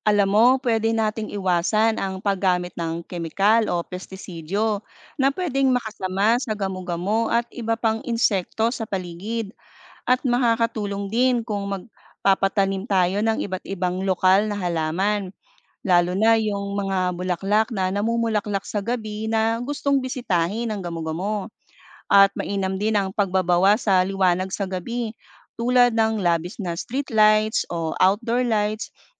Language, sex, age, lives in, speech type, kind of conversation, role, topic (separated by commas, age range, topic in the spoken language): Filipino, female, 40-44, Philippines, read, expert-assistant, expert, Ano ang papel ng mga gamu-gamo sa kalikasan?